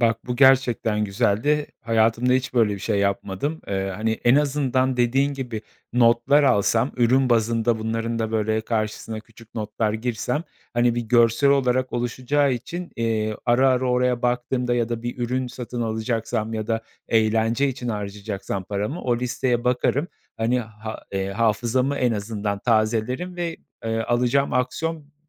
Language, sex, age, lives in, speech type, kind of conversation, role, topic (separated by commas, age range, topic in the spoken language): Turkish, male, 35-39, Poland, conversation, advice, user, Eğlence ve hobiler için harcama yaparken neden suçluluk ve pişmanlık hissediyorum?